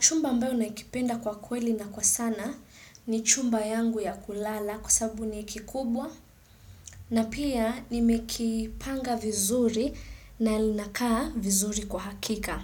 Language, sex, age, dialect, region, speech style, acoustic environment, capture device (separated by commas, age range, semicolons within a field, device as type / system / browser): Swahili, female, 25-34, Kenya - Mombasa, Kenya, excited, silence, desktop / Windows / Chrome